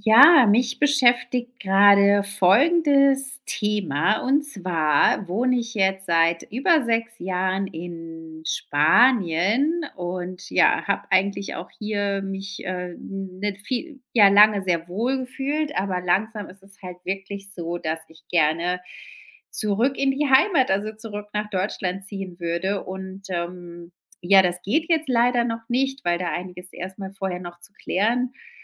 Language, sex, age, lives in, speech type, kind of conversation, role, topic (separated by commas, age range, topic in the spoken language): German, female, 35-39, Spain, conversation, advice, user, Wie äußert sich dein Heimweh nach deinem alten Zuhause?